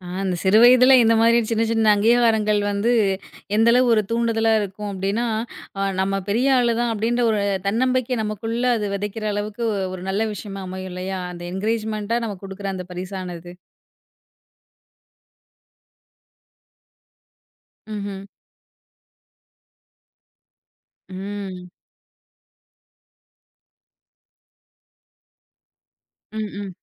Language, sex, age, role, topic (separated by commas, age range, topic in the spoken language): Tamil, female, 30-34, host, உள்ளூர் விழாவில் நீங்கள் மிகவும் ரசித்த தருணம் எது?